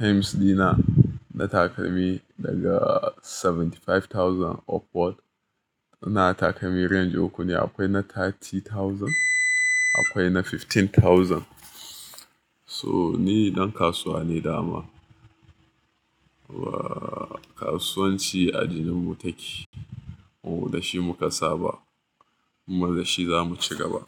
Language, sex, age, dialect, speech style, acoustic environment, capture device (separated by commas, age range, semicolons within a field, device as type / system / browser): Hausa, male, 25-34, Nigeria - Nigerian Standard, happy, music; appliances, mobile / Linux / Chrome